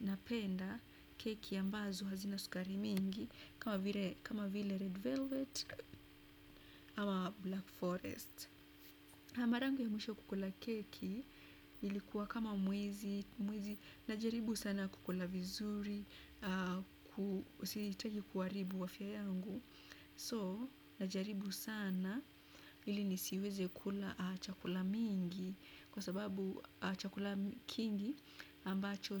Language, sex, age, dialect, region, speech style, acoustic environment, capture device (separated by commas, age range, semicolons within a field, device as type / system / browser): Swahili, female, 25-34, Kenya - Nairobi Sheng-influenced, Kenya, relaxed, appliances, desktop / Windows / Chrome